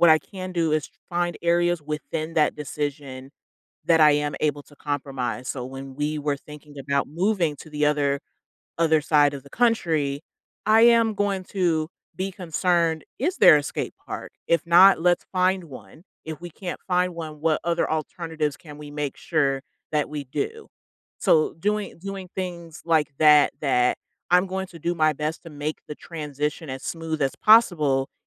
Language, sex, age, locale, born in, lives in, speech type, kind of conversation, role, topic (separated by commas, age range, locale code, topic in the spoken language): English, female, 30-34, en-US, United States, United States, conversation, podcast, guest, How do you approach making important choices in your life?